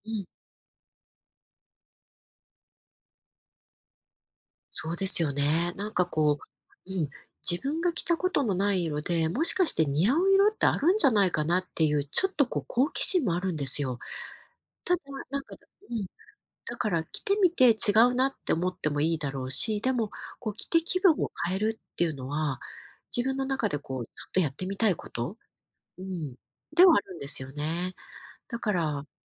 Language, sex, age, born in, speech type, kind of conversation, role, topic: Japanese, female, 50-54, Japan, conversation, advice, user, 自分の外見や服装で自分らしさを表現できないと感じるのはなぜですか？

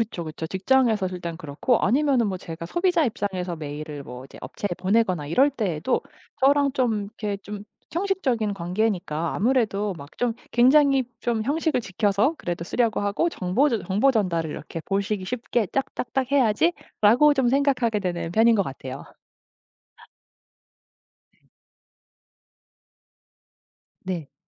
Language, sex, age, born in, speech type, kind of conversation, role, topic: Korean, female, 35-39, South Korea, conversation, podcast, guest, 카카오톡과 이메일에서는 말투를 어떻게 다르게 쓰시나요?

